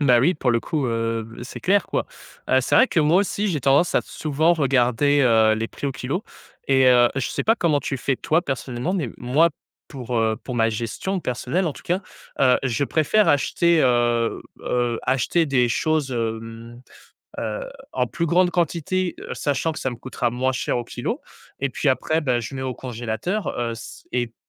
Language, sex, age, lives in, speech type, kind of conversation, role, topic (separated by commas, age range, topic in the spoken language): French, male, 20-24, France, conversation, podcast, host, Comment t’organises-tu au quotidien pour éviter le gaspillage alimentaire ?